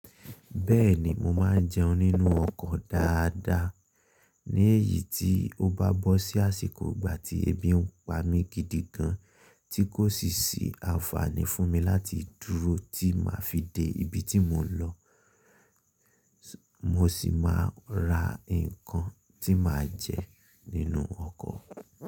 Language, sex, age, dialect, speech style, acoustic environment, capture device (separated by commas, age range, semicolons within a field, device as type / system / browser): Yoruba, male, 25-34, Nigeria - Ibadan, excited, silence, mobile / Linux / Chrome